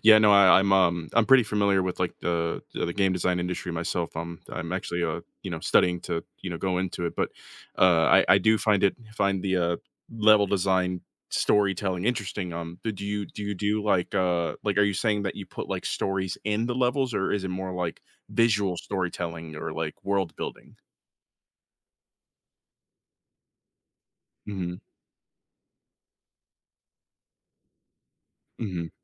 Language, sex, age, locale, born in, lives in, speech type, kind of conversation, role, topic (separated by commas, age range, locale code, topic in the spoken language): English, male, 25-29, en-US, United States, United States, conversation, podcast, host, How can adopting a new habit lead to meaningful changes in your life?